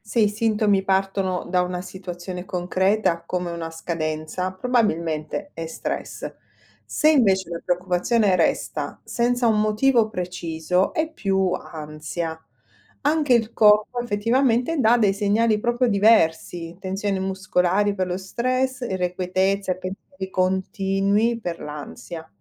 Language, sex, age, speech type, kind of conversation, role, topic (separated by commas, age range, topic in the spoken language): Italian, female, 45-49, read, expert-assistant, expert, Perché c’è spesso confusione tra stress, ansia e depressione? Come possiamo distinguerli meglio?